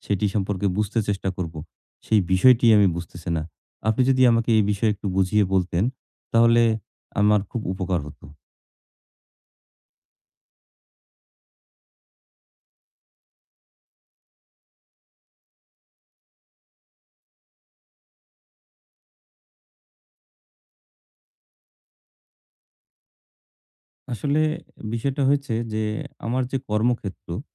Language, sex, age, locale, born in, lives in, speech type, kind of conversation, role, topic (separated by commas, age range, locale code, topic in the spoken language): Bengali, male, 40-44, bn-BD, Bangladesh, Bangladesh, conversation, advice, user, কোন বিষয়গুলো আমি নিয়ন্ত্রণ করতে পারি এবং কোনগুলো আমাকে ছেড়ে দেওয়া উচিত?